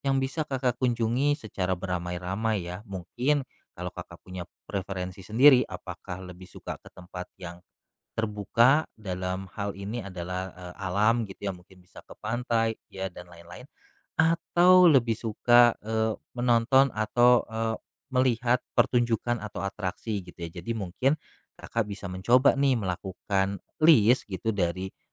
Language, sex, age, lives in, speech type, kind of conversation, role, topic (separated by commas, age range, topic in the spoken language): Indonesian, male, 35-39, Indonesia, conversation, advice, advisor, Bagaimana cara memaksimalkan waktu liburan yang terbatas untuk menjelajahi tempat baru?